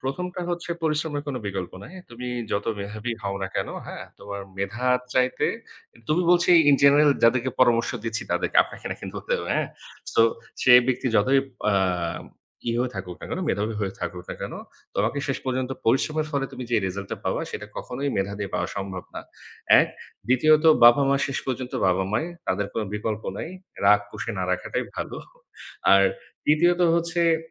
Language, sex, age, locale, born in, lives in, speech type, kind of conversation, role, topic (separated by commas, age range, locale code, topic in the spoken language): Bengali, male, 30-34, bn-BD, Bangladesh, Bangladesh, conversation, podcast, guest, আপনি আপনার কর্মজীবন কীভাবে শুরু করেছিলেন, সেই গল্পটা বলবেন কি?